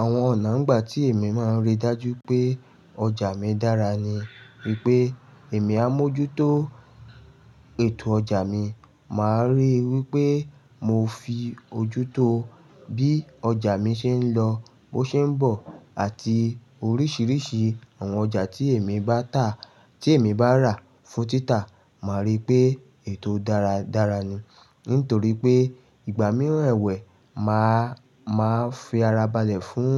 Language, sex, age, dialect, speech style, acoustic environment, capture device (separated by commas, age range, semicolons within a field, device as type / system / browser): Yoruba, male, 35-44, Nigeria - Lagos Yoruba, excited, nature; silence, mobile / Linux / Chrome